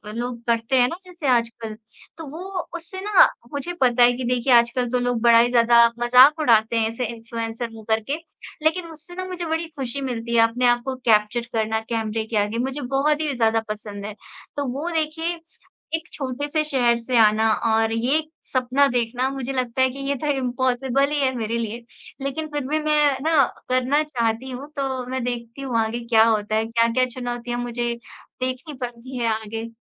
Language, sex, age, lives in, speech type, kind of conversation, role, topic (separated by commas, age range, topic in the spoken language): Hindi, female, 25-29, India, conversation, unstructured, none, आप अपने सपनों को पूरा करने में सबसे बड़ी चुनौती क्या मानते हैं?